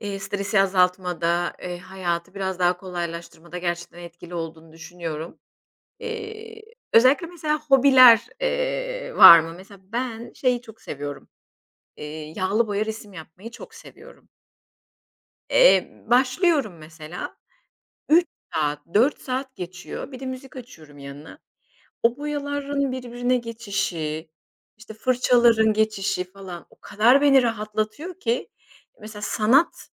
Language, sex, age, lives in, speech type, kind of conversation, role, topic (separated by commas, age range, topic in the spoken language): Turkish, female, 40-44, Spain, conversation, unstructured, none, Stresle başa çıkmak için denediğin yöntemler neler?